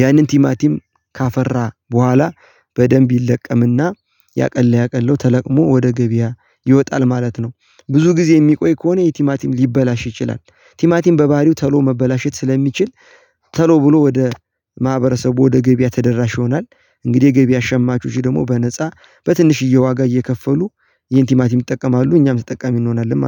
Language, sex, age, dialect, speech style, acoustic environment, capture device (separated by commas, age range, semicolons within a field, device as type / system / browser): Amharic, male, 18-24, Ethiopia - Gojjam, happy, people talking, mobile / Linux / Chrome